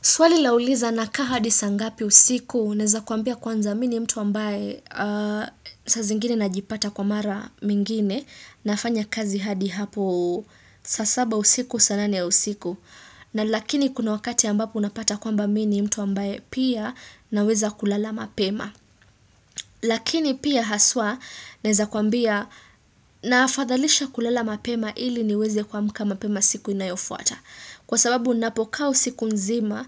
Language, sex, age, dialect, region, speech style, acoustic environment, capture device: Swahili, female, 25-34, Kenya - Nairobi Sheng-influenced, Kenya, excited; focused; happy, silence, desktop / Windows / Chrome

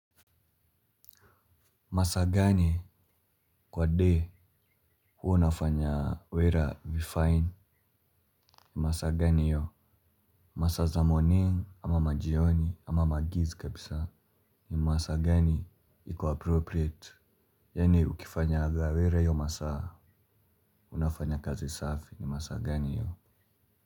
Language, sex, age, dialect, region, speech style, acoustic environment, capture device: Swahili, male, 18-24, Kenya - Nairobi Sheng-influenced, Kenya, relaxed; focused, silence; nature; people talking, mobile / Linux / Chrome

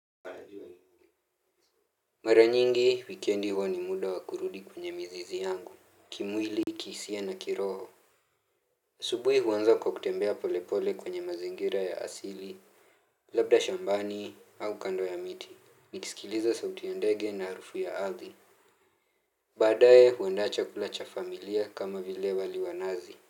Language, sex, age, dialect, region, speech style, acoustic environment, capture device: Swahili, male, 18-24, Kenya - Nairobi Sheng-influenced, Kenya, happy; relaxed; focused, silence, mobile / Linux / Chrome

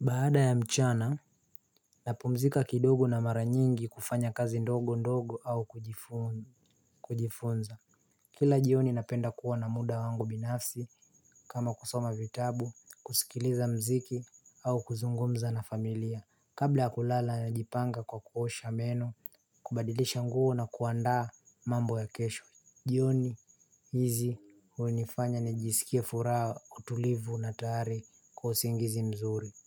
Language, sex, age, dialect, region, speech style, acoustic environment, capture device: Swahili, male, 18-24, Kenya - Mombasa, Kenya, happy, nature, mobile / Linux / Chrome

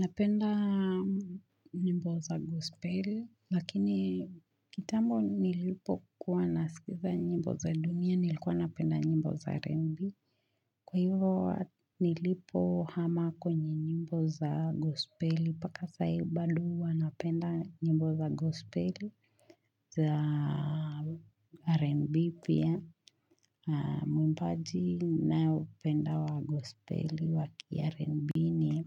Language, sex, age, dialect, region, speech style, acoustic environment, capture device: Swahili, female, 25-34, Kenya - Nairobi Sheng-influenced, Kenya, relaxed, silence, mobile / Linux / Chrome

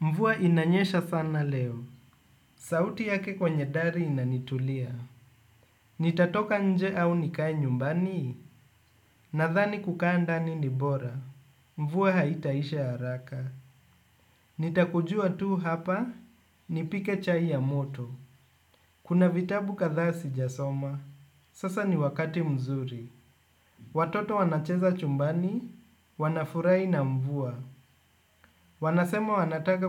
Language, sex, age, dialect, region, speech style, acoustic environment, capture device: Swahili, male, 25-34, Kenya - Nairobi Sheng-influenced, Kenya, relaxed, appliances, desktop / Windows / Chrome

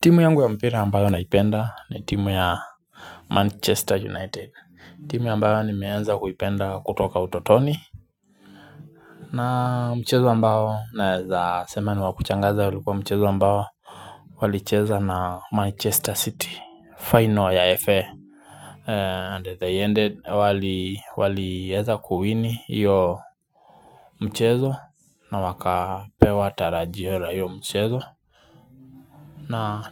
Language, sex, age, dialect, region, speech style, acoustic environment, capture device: Swahili, male, 25-34, Kenya - Nairobi Sheng-influenced, Kenya, relaxed; focused, nature; silence, mobile / Linux / Chrome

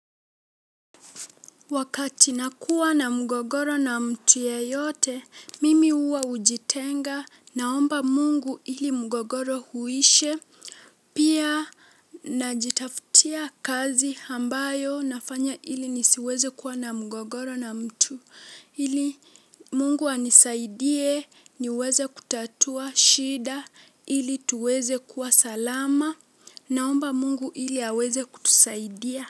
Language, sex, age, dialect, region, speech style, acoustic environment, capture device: Swahili, female, 18-24, Kenya - Mombasa, Kenya, relaxed, nature; silence, mobile / Linux / Chrome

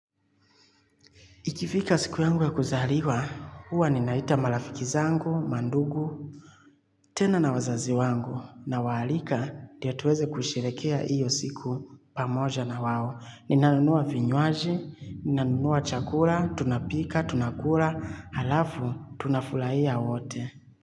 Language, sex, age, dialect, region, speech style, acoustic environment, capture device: Swahili, male, 25-34, Kenya - Nairobi Sheng-influenced, Kenya, relaxed, nature, mobile / Linux / Chrome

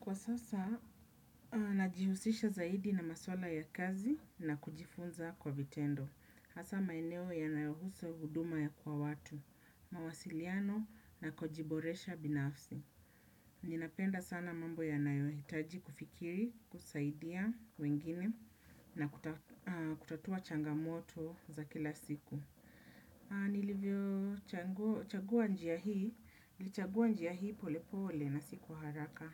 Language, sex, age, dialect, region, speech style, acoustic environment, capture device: Swahili, female, 35-44, Kenya - Nairobi Sheng-influenced, Kenya, happy; relaxed; focused; excited, people talking; nature; appliances; silence, desktop / Windows / Chrome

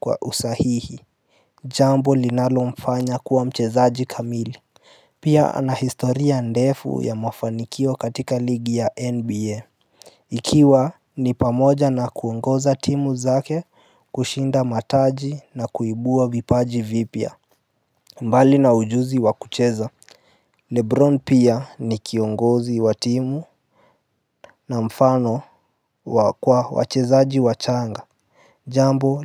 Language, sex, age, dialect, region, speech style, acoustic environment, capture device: Swahili, male, 18-24, Kenya - Nairobi Sheng-influenced, Kenya, happy, appliances; nature, mobile / Linux / Chrome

